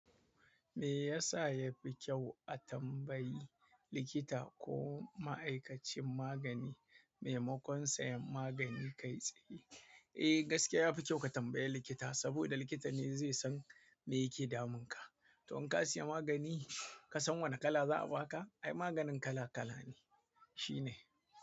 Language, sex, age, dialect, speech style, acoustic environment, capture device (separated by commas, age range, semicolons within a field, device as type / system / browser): Hausa, male, 25-34, Nigeria - Kano, happy; excited, people talking; silence, mobile / Android / Chrome